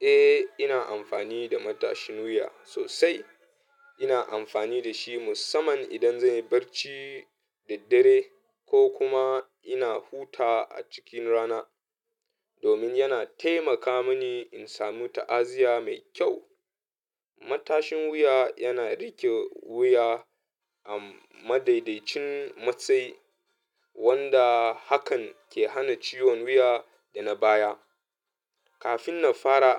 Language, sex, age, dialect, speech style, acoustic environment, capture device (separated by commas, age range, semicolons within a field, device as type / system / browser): Hausa, male, 25-34, Nigeria - Katsina, happy; anxious; nervous, music; people talking; appliances; traffic, mobile / Linux / Chrome